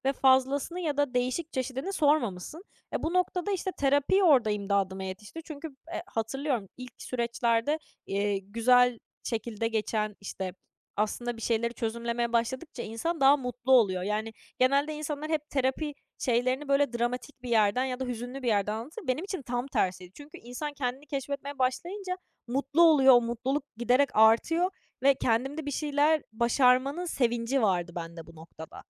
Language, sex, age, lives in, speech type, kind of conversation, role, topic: Turkish, female, 20-24, France, conversation, podcast, guest, Hayatının yönünü tamamen değiştiren bir karşılaşma oldu mu?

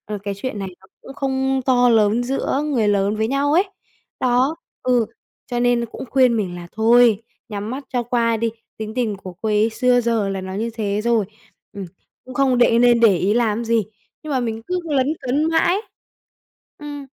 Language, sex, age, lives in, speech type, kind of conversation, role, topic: Vietnamese, female, 20-24, Vietnam, conversation, advice, user, Bạn nên làm gì khi người thân mỉa mai cách bạn giao tiếp?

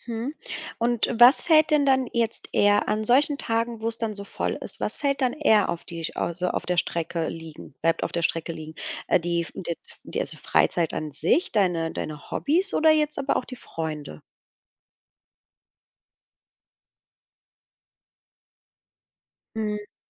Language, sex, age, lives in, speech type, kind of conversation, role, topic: German, female, 35-39, Germany, conversation, advice, advisor, Wie kann ich damit umgehen, dass ich keine Energie für Freizeit, Hobbys oder Freunde habe?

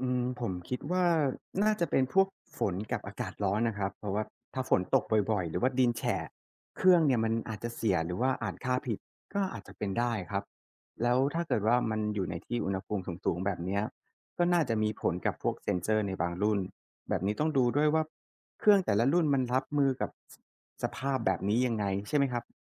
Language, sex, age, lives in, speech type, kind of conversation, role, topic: Thai, male, 35-39, Thailand, read, expert-assistant, asker, เวลาอ่านบทความเกี่ยวกับเทคโนโลยีเกษตร เราจะเช็คยังไงว่าข้อมูลนั้นใช้งานได้จริง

